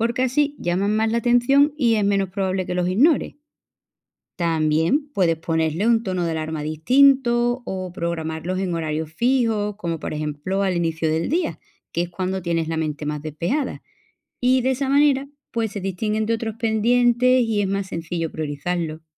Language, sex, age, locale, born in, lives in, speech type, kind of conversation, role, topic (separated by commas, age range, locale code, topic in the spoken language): Spanish, female, 35-39, es-ES, Spain, Portugal, read, expert-assistant, expert, ¿Cómo puedo organizarme para no olvidar mis chequeos de salud?